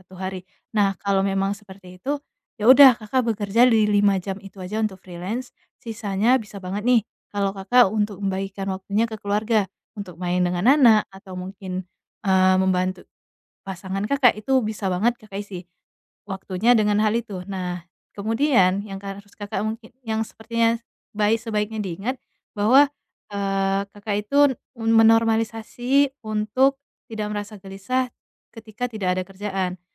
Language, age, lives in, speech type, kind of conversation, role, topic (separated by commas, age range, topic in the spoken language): Indonesian, 25-29, Indonesia, conversation, advice, advisor, Bagaimana cara menikmati waktu luang di rumah tanpa merasa gelisah?